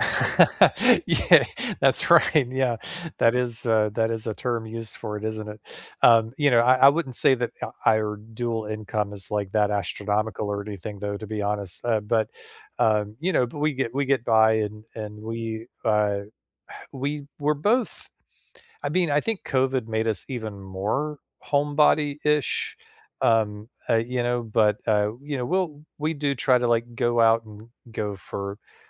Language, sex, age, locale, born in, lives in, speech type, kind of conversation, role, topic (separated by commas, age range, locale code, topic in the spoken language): English, male, 45-49, en-US, United States, United States, conversation, unstructured, none, What are your plans for the weekend—recharging at home, exploring nearby, or doing something spontaneous?